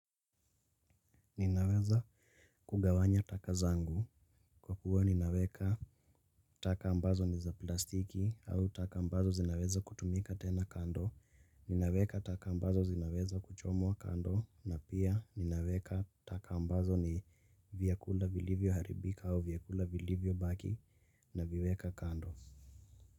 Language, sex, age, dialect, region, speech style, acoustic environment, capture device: Swahili, male, 18-24, Kenya - Nairobi Sheng-influenced, Kenya, relaxed; focused, nature, mobile / Linux / Chrome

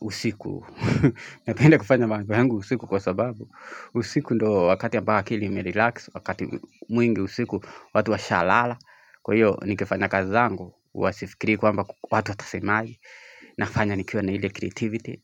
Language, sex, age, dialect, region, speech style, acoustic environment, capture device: Swahili, male, 25-34, Kenya - Nairobi Sheng-influenced, Kenya, happy; anxious, people talking; music, mobile / Linux / Chrome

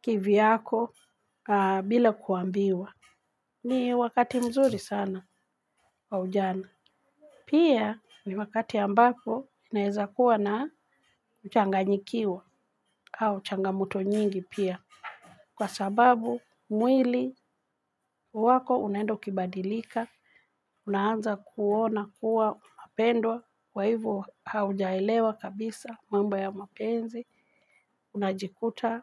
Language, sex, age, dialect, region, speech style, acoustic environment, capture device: Swahili, female, 45-54, Kenya - Nairobi Sheng-influenced, Kenya, relaxed, people talking, mobile / Linux / Chrome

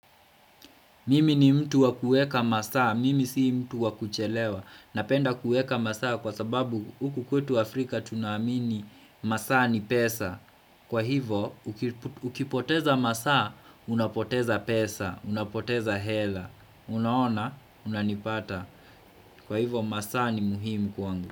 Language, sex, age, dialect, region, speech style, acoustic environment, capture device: Swahili, male, 25-34, Kenya - Kiambu, Kenya, happy, silence, desktop / Windows / Chrome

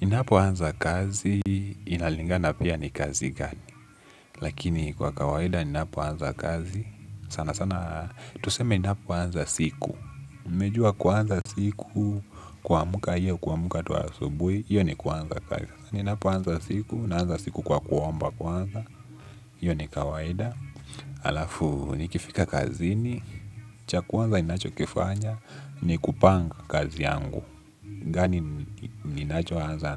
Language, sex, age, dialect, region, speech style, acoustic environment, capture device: Swahili, male, 18-24, Kenya - Nairobi Sheng-influenced, Kenya, relaxed, nature; music, desktop / Linux / Chrome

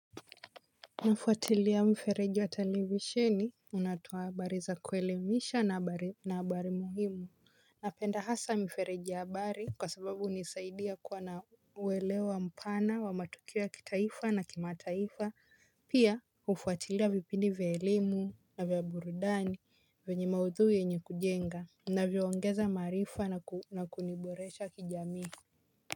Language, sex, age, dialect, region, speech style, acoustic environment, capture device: Swahili, female, 18-24, Kenya - Mombasa, Kenya, happy, silence, mobile / Linux / Chrome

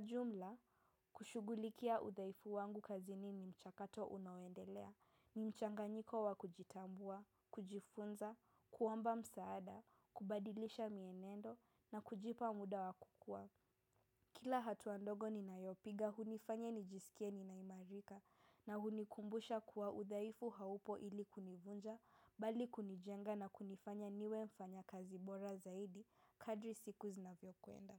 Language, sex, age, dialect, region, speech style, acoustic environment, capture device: Swahili, female, 18-24, Kenya - Nairobi Sheng-influenced, Kenya, relaxed, silence; appliances, mobile / macOS / Safari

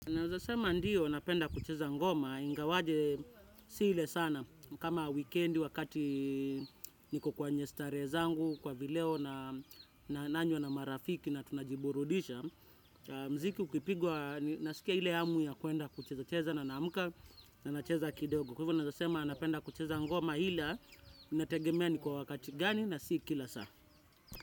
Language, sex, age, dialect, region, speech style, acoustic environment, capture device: Swahili, male, 25-34, Kenya - Nairobi Sheng-influenced, Kenya, relaxed, nature, desktop / Windows / Chrome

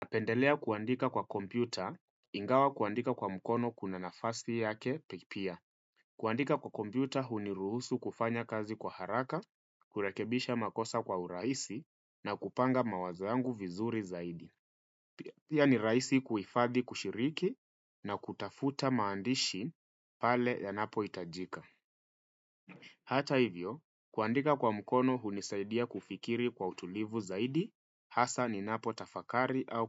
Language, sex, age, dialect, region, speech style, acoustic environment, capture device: Swahili, male, 25-34, Kenya - Nairobi Sheng-influenced, Kenya, relaxed; focused, nature; silence, desktop / Windows / Firefox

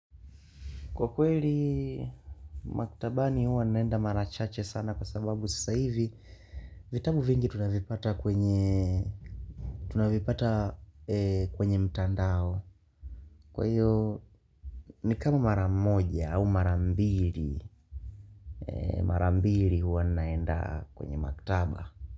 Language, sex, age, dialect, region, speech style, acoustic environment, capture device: Swahili, male, 18-24, Tanzania - Dar es Salaam, Tanzania, focused, nature; people talking, mobile / Linux / Chrome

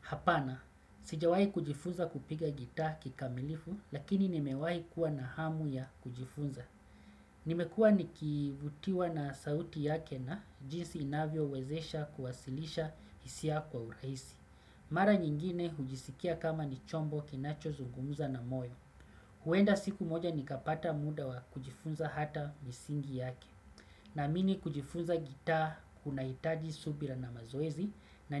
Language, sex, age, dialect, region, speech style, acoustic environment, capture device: Swahili, male, 35-44, Kenya - Mombasa, Kenya, relaxed, silence, desktop / Windows / Chrome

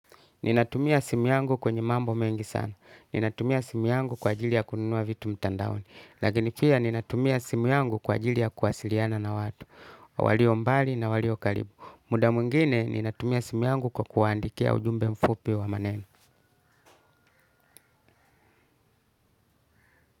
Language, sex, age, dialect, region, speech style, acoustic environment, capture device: Swahili, male, 35-44, Tanzania - Dar es Salaam, Tanzania, relaxed, music, desktop / Linux / Chrome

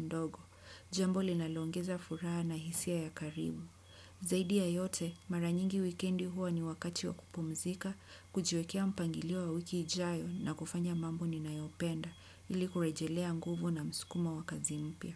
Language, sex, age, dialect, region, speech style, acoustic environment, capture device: Swahili, female, 25-34, Kenya - Mombasa, Kenya, happy, nature; people talking; construction; appliances; music; silence; traffic, desktop / Windows / Chrome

